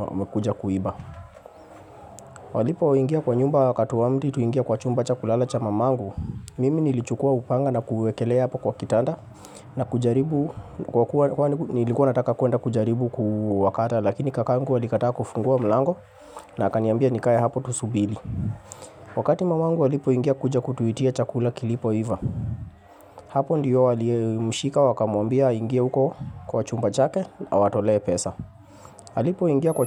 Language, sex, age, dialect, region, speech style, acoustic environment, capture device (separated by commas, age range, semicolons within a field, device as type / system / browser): Swahili, male, 25-34, Kenya - Nairobi Sheng-influenced, Kenya, relaxed, people talking, mobile / Linux / Chrome